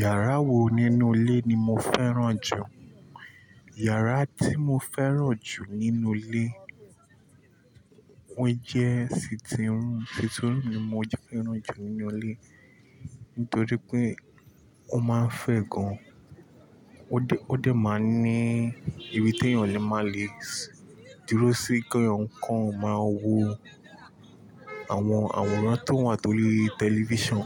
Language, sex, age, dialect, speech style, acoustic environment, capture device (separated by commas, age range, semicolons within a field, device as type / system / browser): Yoruba, male, 25-34, Nigeria - Nigerian Standard, relaxed, construction, mobile / Linux / Chrome